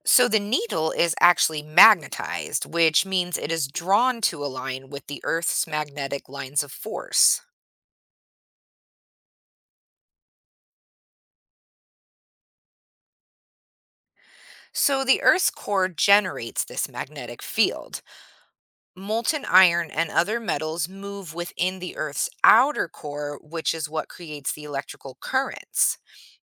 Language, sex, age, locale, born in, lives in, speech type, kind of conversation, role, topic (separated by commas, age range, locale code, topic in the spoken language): English, female, 40-44, en-US, United States, United States, read, expert-assistant, expert, How does a compass always point north?